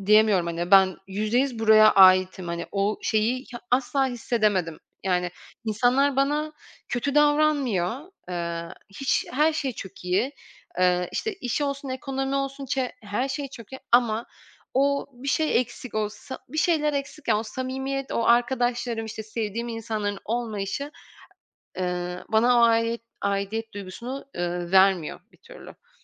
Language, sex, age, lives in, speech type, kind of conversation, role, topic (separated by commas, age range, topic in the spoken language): Turkish, female, 25-29, France, conversation, podcast, guest, Bir kararının beklemediğin sonuçlar doğurduğu bir anını anlatır mısın?